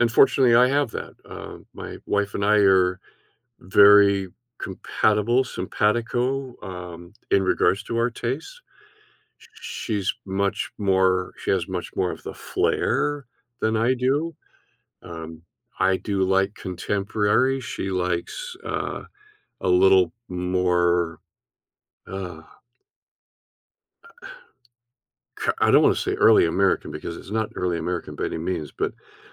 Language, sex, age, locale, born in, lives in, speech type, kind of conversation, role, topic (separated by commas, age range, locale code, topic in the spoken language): English, male, 70-74, en-US, Canada, United States, conversation, podcast, guest, How do our experiences and relationships shape our sense of home?